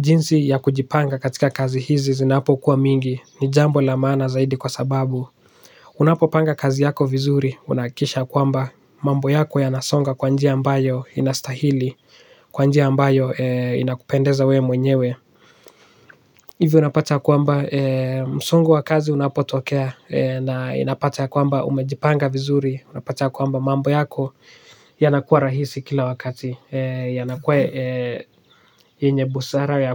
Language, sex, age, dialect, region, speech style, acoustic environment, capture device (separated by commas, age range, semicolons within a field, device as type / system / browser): Swahili, male, 18-24, Kenya - Nairobi Sheng-influenced, Kenya, relaxed; focused, silence, desktop / Linux / Chrome